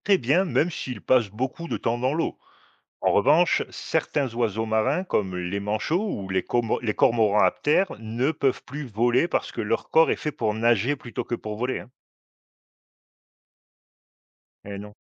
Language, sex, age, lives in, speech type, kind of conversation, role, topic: French, male, 50-54, Portugal, read, expert-assistant, expert, Pourquoi les manchots ne volent-ils pas alors que ce sont des oiseaux ?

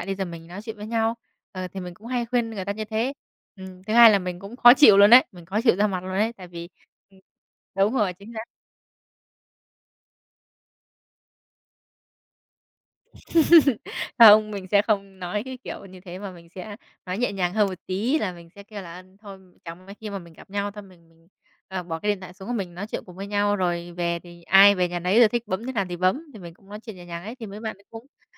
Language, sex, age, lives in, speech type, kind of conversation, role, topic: Vietnamese, female, 20-24, Vietnam, conversation, podcast, guest, Bạn cảm thấy thế nào khi mọi người đều cắm mặt vào điện thoại?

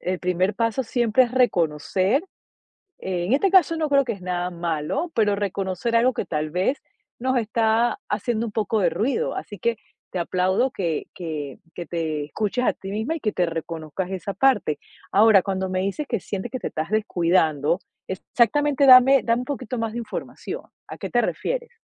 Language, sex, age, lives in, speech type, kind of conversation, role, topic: Spanish, female, 45-49, United States, conversation, advice, advisor, ¿Cómo puedo cuidarme sin descuidar a mis hijos y a mis padres mayores?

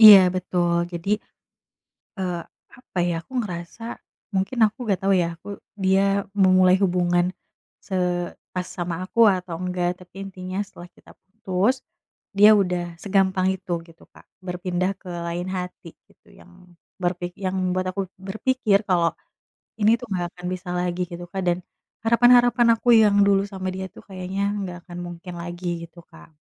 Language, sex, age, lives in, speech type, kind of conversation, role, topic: Indonesian, female, 30-34, Indonesia, conversation, advice, user, Bagaimana cara membangun kembali harga diri dan menetapkan harapan yang realistis setelah putus cinta?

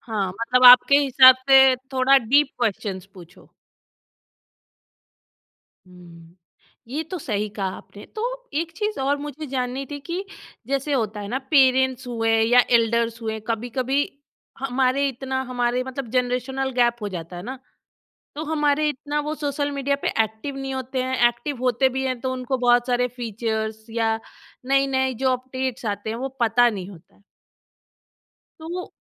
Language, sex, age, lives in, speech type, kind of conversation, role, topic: Hindi, female, 30-34, India, conversation, podcast, host, Technology se connection sachmuch easy hua hai ya bas superficial aur fake lagta hai—tum kya sochte ho?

